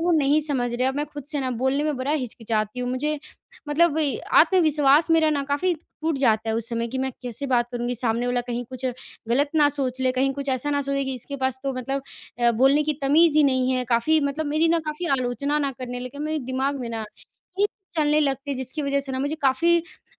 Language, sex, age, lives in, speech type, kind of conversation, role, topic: Hindi, female, 20-24, India, conversation, advice, user, मैं समूह में अपनी आवाज़ कैसे मजबूत रखूँ?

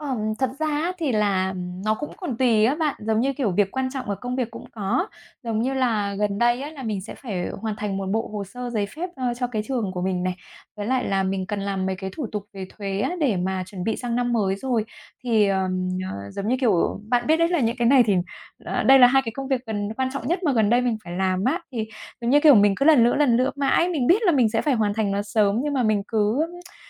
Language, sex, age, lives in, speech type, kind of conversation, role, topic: Vietnamese, female, 35-39, Vietnam, conversation, advice, user, Vì sao bạn trì hoãn việc bắt đầu một công việc quan trọng, và bạn có thể làm gì để bắt đầu ngay?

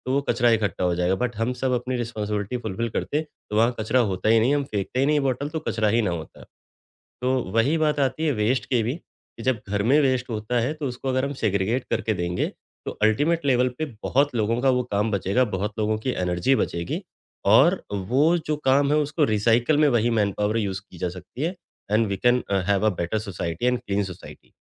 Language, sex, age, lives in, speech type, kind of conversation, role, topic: Hindi, male, 35-39, India, conversation, podcast, guest, Waste segregation ghar se shuru karne ka sabse aasan tareeka kya hai?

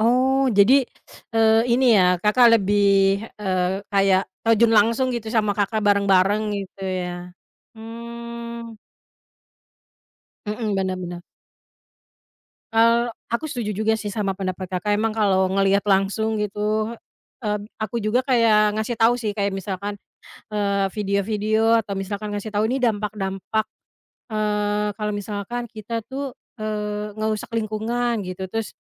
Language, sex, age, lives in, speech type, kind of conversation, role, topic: Indonesian, female, 30-34, Indonesia, conversation, unstructured, none, Bagaimana cara kamu meyakinkan temanmu agar lebih peduli pada lingkungan?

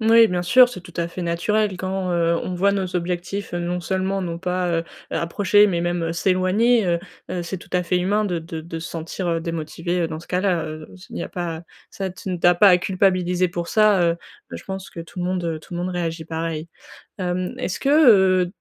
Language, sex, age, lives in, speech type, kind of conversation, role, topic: French, female, 20-24, France, conversation, advice, advisor, Comment retrouver la motivation après une longue période de stagnation ?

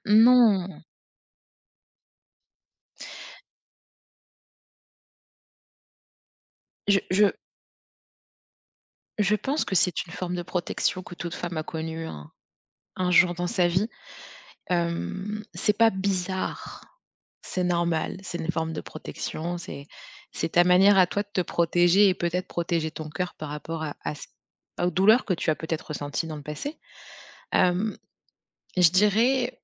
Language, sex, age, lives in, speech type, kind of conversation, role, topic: French, female, 30-34, France, conversation, advice, advisor, Hésites-tu à commencer à sortir avec quelqu’un de nouveau ?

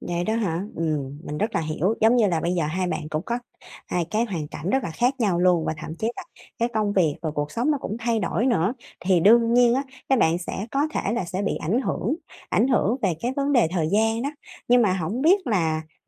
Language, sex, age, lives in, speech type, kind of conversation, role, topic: Vietnamese, female, 30-34, Vietnam, conversation, advice, advisor, Làm sao để giữ liên lạc với bạn bè khi bạn thấy khó khăn?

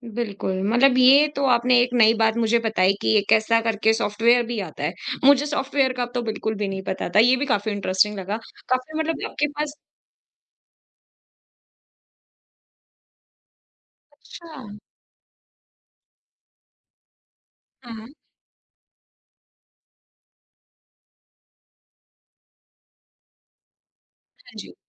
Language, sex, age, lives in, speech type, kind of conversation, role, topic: Hindi, female, 25-29, India, conversation, podcast, host, Tum notifications kaise manage karte ho taaki kaam mein distraction na ho?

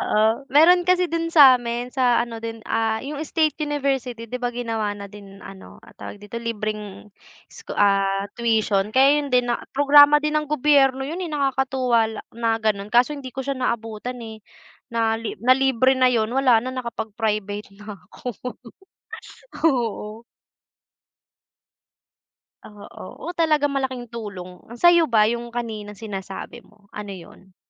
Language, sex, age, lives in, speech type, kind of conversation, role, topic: Filipino, female, 20-24, Philippines, conversation, unstructured, none, Ano ang paborito mong programa ng gobyerno at bakit?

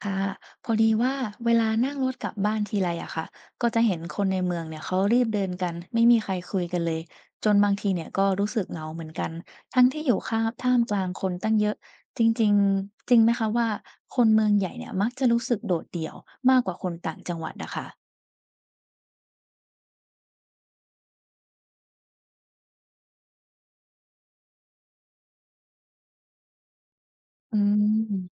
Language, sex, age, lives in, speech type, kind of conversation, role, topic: Thai, female, 20-24, Thailand, read, expert-assistant, asker, จริงหรือไม่ที่คนในเมืองใหญ่มีแนวโน้มจะรู้สึกโดดเดี่ยวมากกว่าคนในชนบท?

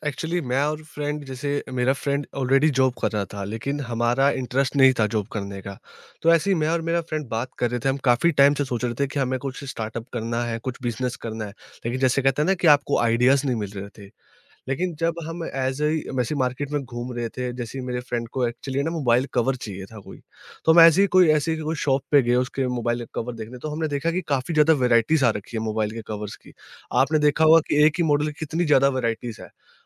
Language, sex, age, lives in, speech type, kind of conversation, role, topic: Hindi, male, 20-24, India, conversation, podcast, guest, Kab tumne koi bada risk liya aur uska result kya tha?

